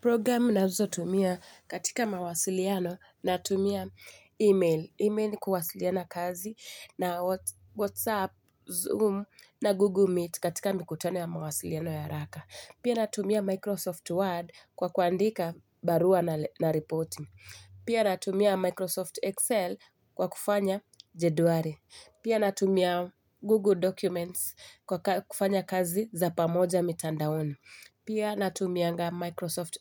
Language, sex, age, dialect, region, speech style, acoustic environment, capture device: Swahili, female, 25-34, Kenya - Mombasa, Kenya, happy, silence, mobile / Linux / Chrome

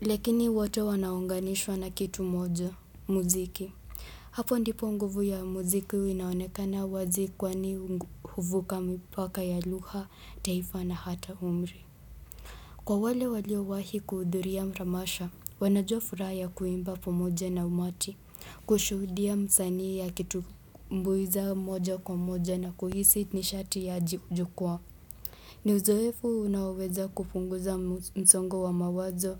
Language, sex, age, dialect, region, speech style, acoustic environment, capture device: Swahili, male, 18-24, Kenya - Nairobi Sheng-influenced, Kenya, relaxed; happy; excited; focused, people talking; nature, desktop / Windows / Chrome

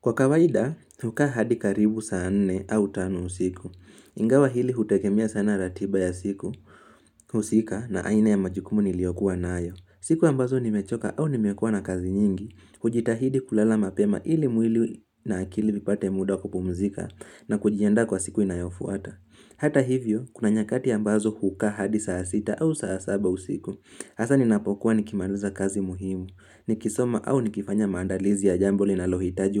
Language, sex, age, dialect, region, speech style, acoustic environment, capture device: Swahili, male, 18-24, Kenya - Nairobi Sheng-influenced, Kenya, relaxed; focused, silence, mobile / Linux / Chrome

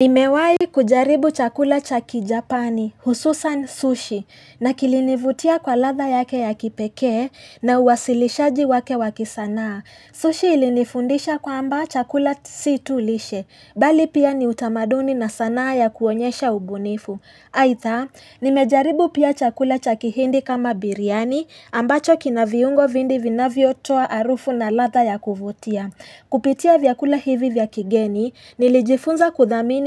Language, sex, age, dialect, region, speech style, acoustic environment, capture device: Swahili, female, 18-24, Kenya - Nairobi Sheng-influenced, Kenya, relaxed, nature, mobile / Linux / Chrome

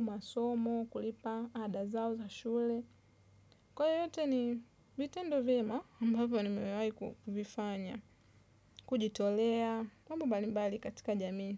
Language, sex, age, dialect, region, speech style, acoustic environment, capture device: Swahili, female, 25-34, Tanzania - Dar es Salaam, Tanzania, nervous; tired, traffic; construction; nature, desktop / Windows / Chrome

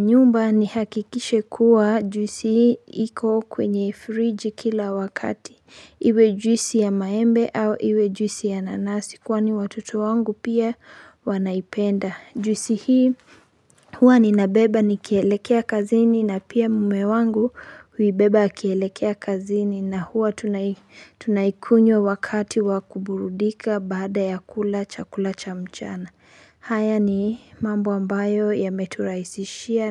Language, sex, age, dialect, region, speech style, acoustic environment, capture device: Swahili, female, 25-34, Kenya - Nairobi Sheng-influenced, Kenya, happy, nature, mobile / Linux / Chrome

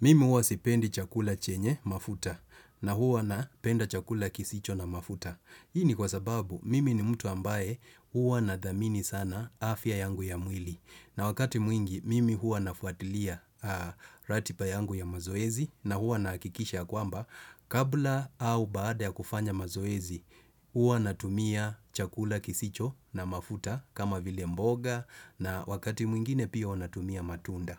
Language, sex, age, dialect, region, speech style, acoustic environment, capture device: Swahili, male, 35-44, Kenya - Mombasa, Kenya, focused, construction; appliances; nature; traffic; music, desktop / Windows / Chrome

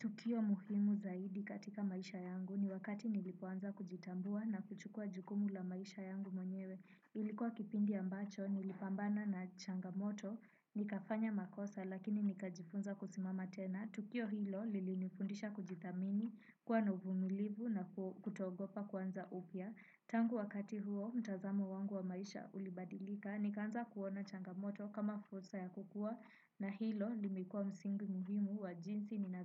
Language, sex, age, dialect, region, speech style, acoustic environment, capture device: Swahili, female, 18-24, Kenya - Nairobi Sheng-influenced, Kenya, relaxed, nature, desktop / Windows / Chrome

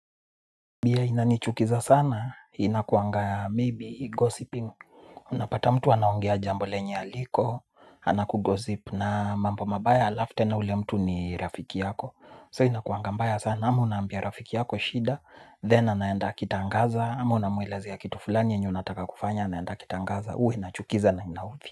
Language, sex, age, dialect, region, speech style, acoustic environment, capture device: Swahili, male, 25-34, Kenya - Nairobi Sheng-influenced, Kenya, focused; excited; nervous, people talking; nature; music, mobile / Linux / Chrome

